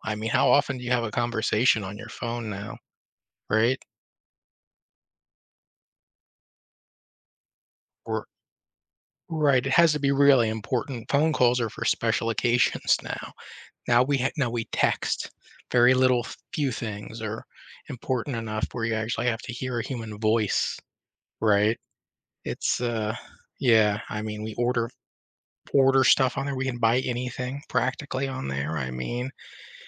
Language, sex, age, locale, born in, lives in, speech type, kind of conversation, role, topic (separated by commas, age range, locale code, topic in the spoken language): English, male, 35-39, en-US, United States, United States, conversation, podcast, guest, In what ways have smartphones transformed our daily lives and interactions?